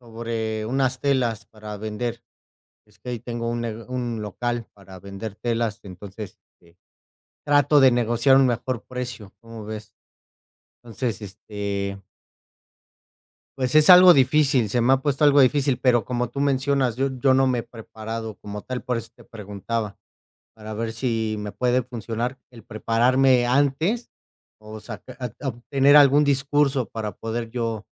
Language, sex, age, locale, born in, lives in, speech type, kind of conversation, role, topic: Spanish, male, 35-39, es-MX, Mexico, Mexico, conversation, unstructured, none, ¿Qué consejos darías para negociar con un vendedor?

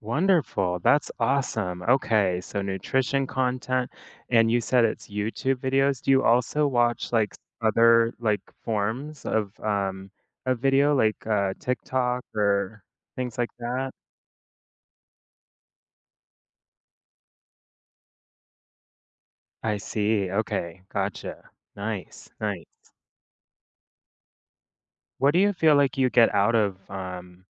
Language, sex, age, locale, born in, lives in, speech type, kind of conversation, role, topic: English, male, 30-34, en-US, United States, United States, conversation, podcast, host, What habits or routines help you handle everyday stress?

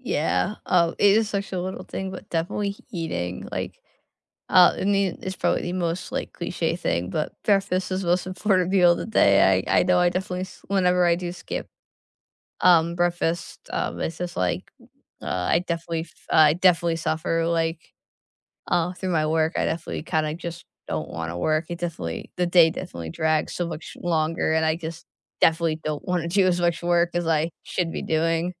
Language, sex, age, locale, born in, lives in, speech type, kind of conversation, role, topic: English, female, 20-24, en-US, United States, United States, conversation, unstructured, none, What small daily choices have the biggest impact on how your day goes?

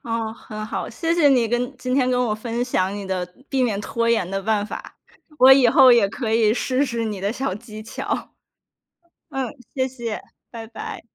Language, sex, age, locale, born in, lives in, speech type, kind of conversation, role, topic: Chinese, female, 30-34, zh-CN, China, United States, conversation, podcast, host, 有哪些方法可以避免拖延症？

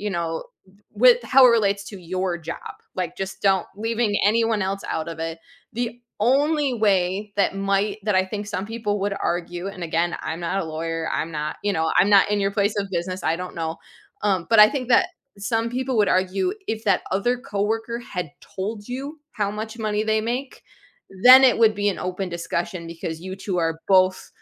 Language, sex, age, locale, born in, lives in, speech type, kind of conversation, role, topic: English, female, 35-39, en-US, United States, United States, conversation, advice, advisor, How do I talk to my manager about discovering a co-worker earns more than I do?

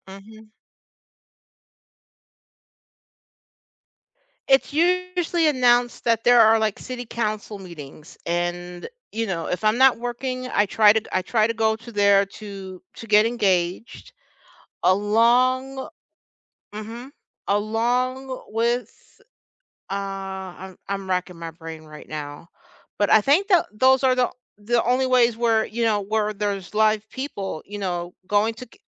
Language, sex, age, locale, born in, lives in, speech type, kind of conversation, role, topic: English, other, 50-54, en-US, United States, United States, conversation, unstructured, none, What are your go-to ways to stay informed and engaged with your local government?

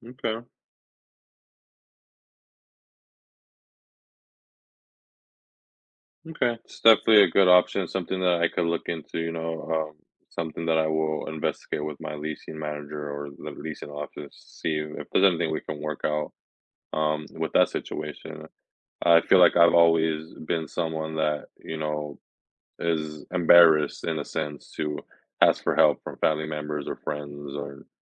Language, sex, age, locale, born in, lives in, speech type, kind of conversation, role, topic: English, male, 25-29, en-US, United States, United States, conversation, advice, user, How can I break my lease early with minimal penalties?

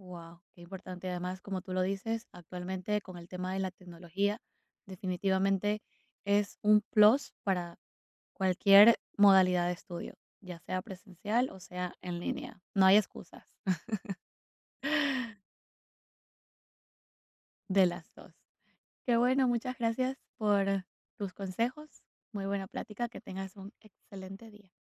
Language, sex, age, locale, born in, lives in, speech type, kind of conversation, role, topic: Spanish, female, 25-29, es-EC, Ecuador, United States, conversation, podcast, host, ¿Qué opinas sobre el aprendizaje en línea en comparación con las clases presenciales?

